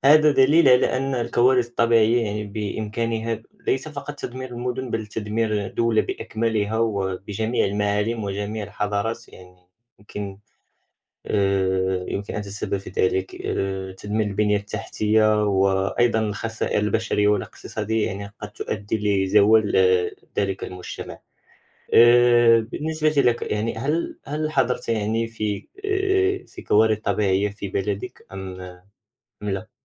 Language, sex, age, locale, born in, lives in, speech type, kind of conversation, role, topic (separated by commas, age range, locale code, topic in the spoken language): Arabic, male, 18-19, ar-MA, Morocco, France, conversation, unstructured, none, إزاي الكوارث الطبيعية ممكن تتسبب في انهيار المجتمعات؟